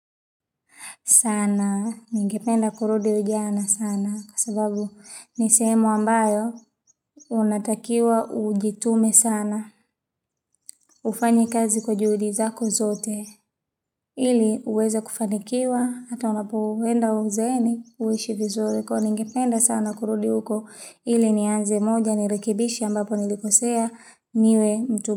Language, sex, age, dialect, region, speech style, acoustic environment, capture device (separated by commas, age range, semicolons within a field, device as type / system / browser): Swahili, female, 25-34, Tanzania - Dar es Salaam, Tanzania, relaxed, nature, mobile / Linux / Chrome